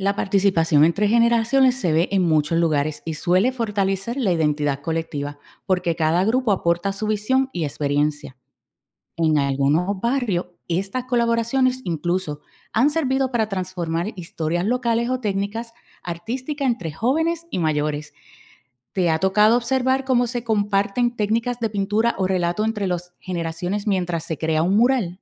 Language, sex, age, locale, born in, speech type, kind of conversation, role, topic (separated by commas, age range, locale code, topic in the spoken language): Spanish, female, 45-49, es-US, Puerto Rico, read, expert-assistant, expert, ¿Qué es más impactante para el espectador: una pintura realista o una obra abstracta?